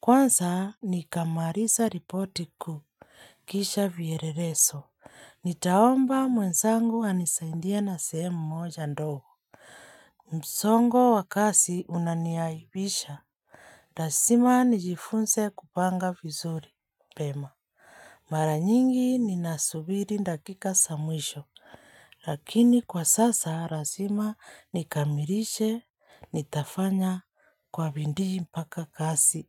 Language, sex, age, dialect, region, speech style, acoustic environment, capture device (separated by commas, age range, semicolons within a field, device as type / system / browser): Swahili, female, 25-34, Kenya - Nairobi Sheng-influenced, Kenya, happy; relaxed, silence, mobile / Linux / Chrome